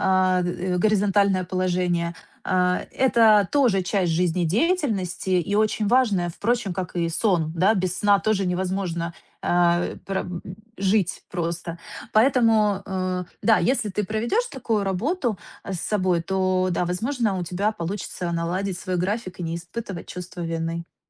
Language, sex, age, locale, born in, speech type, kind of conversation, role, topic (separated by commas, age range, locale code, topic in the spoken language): Russian, female, 30-34, ru-RU, Ukraine, conversation, advice, advisor, Как отдыхать дома и восстанавливаться без чувства вины?